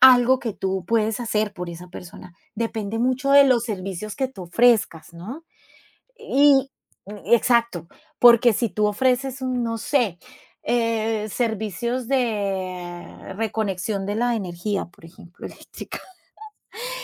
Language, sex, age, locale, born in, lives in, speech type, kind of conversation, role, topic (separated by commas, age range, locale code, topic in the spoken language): Spanish, female, 45-49, es-CO, Colombia, Italy, conversation, podcast, guest, ¿Qué opinas del tiempo que pasamos pendientes del celular?